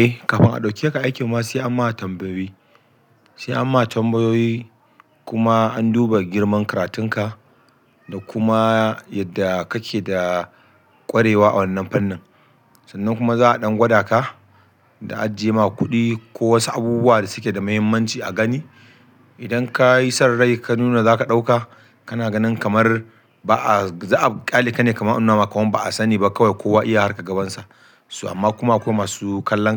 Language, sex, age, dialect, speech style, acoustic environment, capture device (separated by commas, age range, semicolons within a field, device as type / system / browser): Hausa, male, 35-44, Nigeria - Kano, happy, people talking; music; nature, desktop / Linux / Chrome